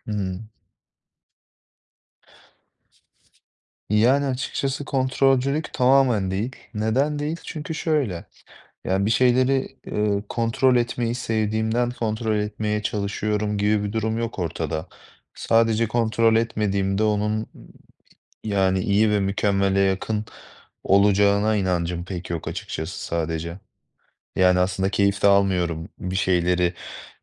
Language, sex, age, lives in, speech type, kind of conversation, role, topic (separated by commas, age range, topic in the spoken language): Turkish, male, 25-29, Poland, conversation, advice, user, Mükemmeliyetçilik yüzünden seçim yaparken neden karar veremiyorum?